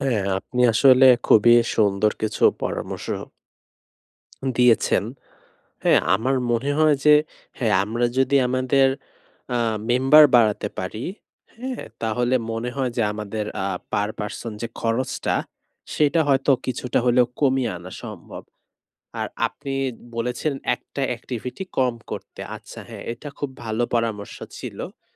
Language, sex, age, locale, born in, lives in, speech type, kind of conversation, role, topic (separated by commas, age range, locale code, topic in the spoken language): Bengali, male, 20-24, bn-BD, Bangladesh, Bangladesh, conversation, advice, user, স্বল্প বাজেটে কীভাবে মজাদার ও সাশ্রয়ী ছুটি পরিকল্পনা করব?